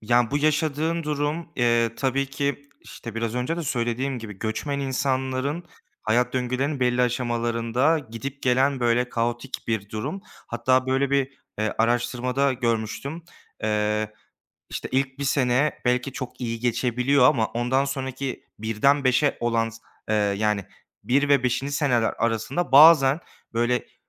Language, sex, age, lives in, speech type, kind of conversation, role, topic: Turkish, male, 25-29, Germany, conversation, advice, advisor, Değişen hayat koşullarında zor dönemlerde sosyal desteğimi ve aidiyet duygumu nasıl koruyup güçlendirebilirim?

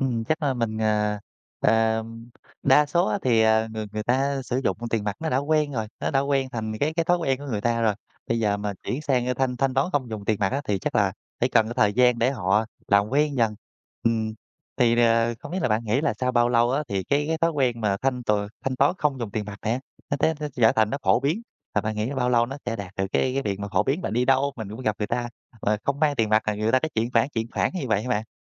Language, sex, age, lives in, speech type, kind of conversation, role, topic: Vietnamese, male, 30-34, Vietnam, conversation, podcast, host, Bạn nghĩ sao về việc thanh toán không dùng tiền mặt trong đời sống hằng ngày?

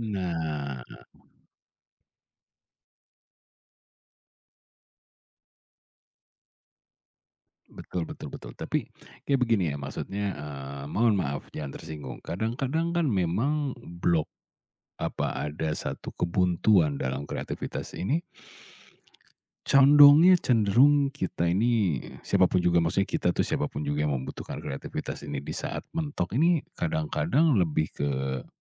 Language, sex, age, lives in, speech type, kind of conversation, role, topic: Indonesian, male, 40-44, Indonesia, conversation, podcast, host, Bagaimana cara kamu mengatasi blok kreatif sendirian?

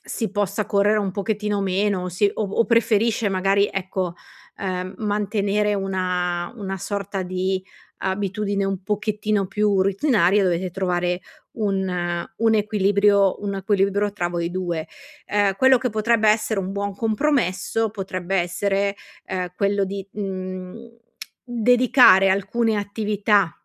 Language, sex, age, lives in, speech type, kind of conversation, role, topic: Italian, female, 40-44, Italy, conversation, advice, advisor, Come posso mantenere ogni giorno curiosità e nuovi stimoli?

